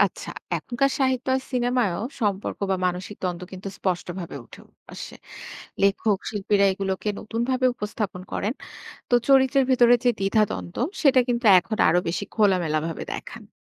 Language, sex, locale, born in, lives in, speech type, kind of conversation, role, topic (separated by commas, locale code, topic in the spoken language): Bengali, female, bn-BD, Bangladesh, Bangladesh, read, expert-assistant, expert, রবীন্দ্রনাথের 'ঘরে বাইরে' উপন্যাসটা কি শুধুই দেশপ্রেমের কথা বলে, নাকি আরও কিছু আছে?